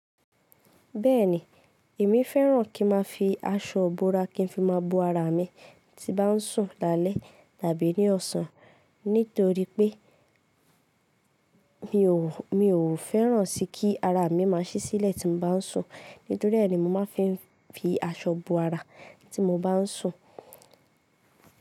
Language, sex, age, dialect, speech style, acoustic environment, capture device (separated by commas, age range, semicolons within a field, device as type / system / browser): Yoruba, female, 18-24, Nigeria - Ibadan, focused, silence, mobile / Linux / Chrome